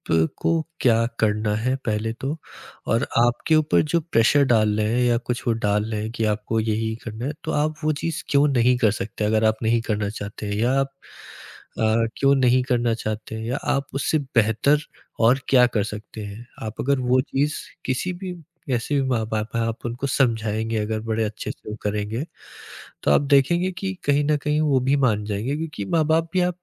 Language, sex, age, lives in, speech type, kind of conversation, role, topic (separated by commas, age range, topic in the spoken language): Hindi, male, 20-24, India, conversation, podcast, guest, Family ki career expectations ko aap kaise handle karte hain?